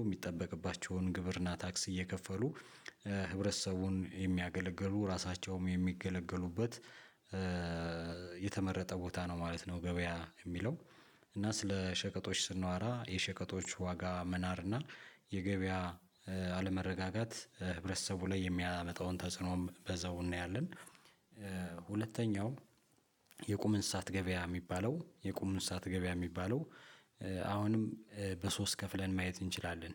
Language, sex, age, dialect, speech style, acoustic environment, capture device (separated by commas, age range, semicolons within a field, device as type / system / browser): Amharic, male, 35-44, Ethiopia - Addis Ababa, relaxed, silence, mobile / Linux / Chrome